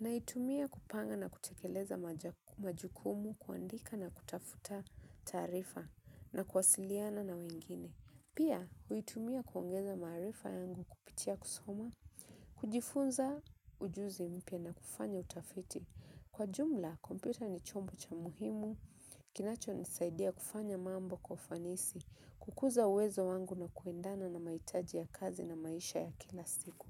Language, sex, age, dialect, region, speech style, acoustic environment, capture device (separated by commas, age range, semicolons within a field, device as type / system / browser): Swahili, female, 25-34, Kenya - Mombasa, Kenya, relaxed, nature, mobile / Linux / Chrome